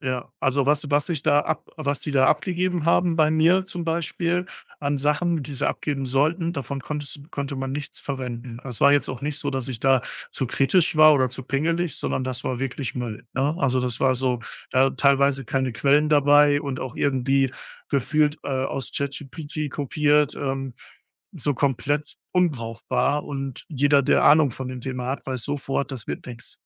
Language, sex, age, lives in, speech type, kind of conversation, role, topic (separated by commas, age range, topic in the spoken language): German, male, 35-39, Germany, conversation, advice, user, Wie übernehme ich Verantwortung für meinen Fehler und mache im Job weiter?